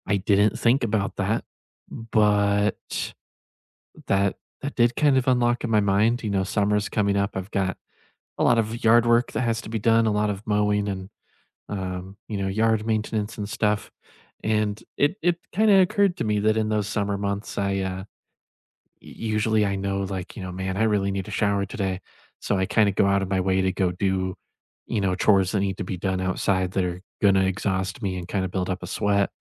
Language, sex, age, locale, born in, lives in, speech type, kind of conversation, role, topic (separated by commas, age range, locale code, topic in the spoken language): English, male, 20-24, en-US, United States, United States, conversation, unstructured, none, How do you recharge after a stressful day, and how can we support each other?